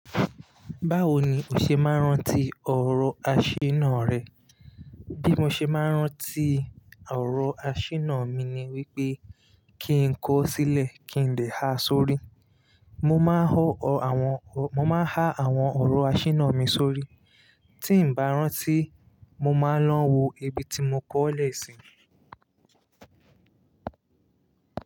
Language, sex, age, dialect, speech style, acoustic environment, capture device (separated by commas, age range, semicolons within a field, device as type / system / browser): Yoruba, male, 25-34, Nigeria - Lagos Yoruba, relaxed, nature, mobile / Linux / Chrome